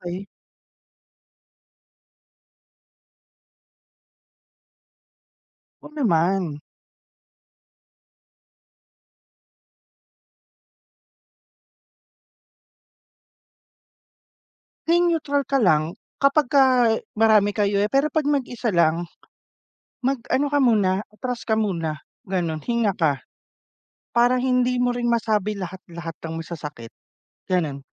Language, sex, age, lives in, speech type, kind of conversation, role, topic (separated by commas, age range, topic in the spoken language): Filipino, male, 30-34, Philippines, conversation, unstructured, none, Paano mo nilulutas ang hindi pagkakaunawaan ninyo ng kaibigan mo?